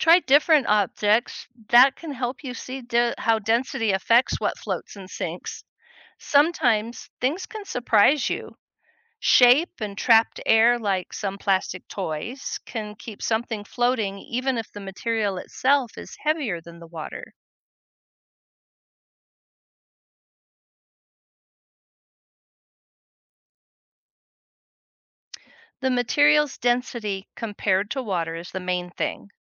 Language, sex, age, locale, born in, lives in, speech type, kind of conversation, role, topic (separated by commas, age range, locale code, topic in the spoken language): English, female, 60-64, en-US, United States, United States, read, expert-assistant, expert, Why does ice float on water?